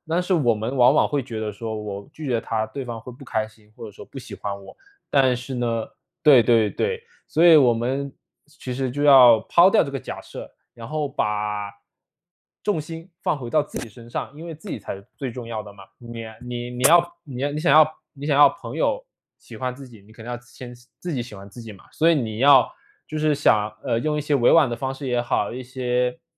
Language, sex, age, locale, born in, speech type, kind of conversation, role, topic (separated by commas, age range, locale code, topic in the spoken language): Chinese, male, 25-29, zh-CN, China, conversation, advice, advisor, 陪伴伴侣时如何兼顾自我照顾，避免关系变得沉重？